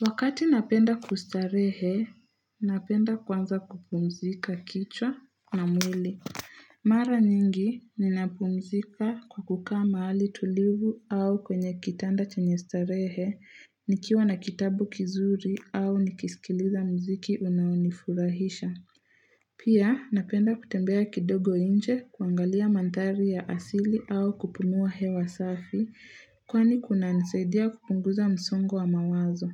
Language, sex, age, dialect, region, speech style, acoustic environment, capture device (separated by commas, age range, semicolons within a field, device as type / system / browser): Swahili, female, 18-24, Kenya - Nairobi Sheng-influenced, Kenya, relaxed, nature, mobile / Linux / Chrome